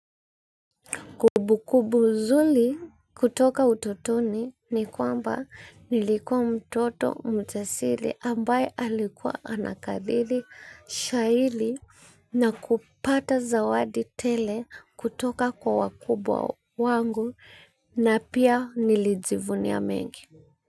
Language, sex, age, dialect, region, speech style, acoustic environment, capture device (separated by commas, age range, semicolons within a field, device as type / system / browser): Swahili, female, 25-34, Kenya - Nairobi Sheng-influenced, Kenya, happy, nature, mobile / Linux / Chrome